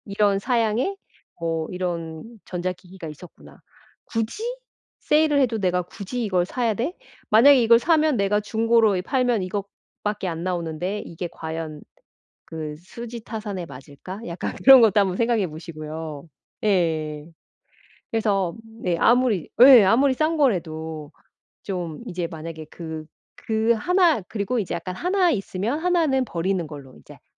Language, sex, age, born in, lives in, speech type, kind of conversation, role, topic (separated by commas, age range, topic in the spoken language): Korean, female, 45-49, South Korea, United States, conversation, advice, advisor, 예산을 짤 때 필요한 것과 원하는 것을 어떻게 구별하나요?